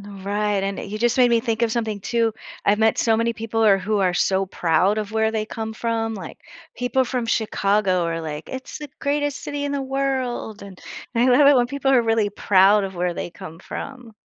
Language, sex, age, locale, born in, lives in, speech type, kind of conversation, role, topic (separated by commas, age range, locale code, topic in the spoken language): English, female, 55-59, en-US, United States, United States, conversation, podcast, host, How do cities and towns create a sense of belonging for everyone who visits or lives there?